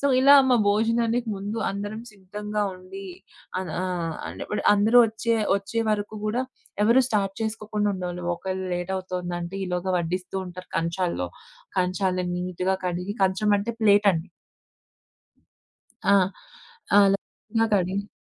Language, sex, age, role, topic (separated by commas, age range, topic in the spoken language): Telugu, female, 25-29, guest, భోజనానికి ముందు మీ కుటుంబంలో సాధారణంగా పాటించే అలవాట్లు ఏమేమి?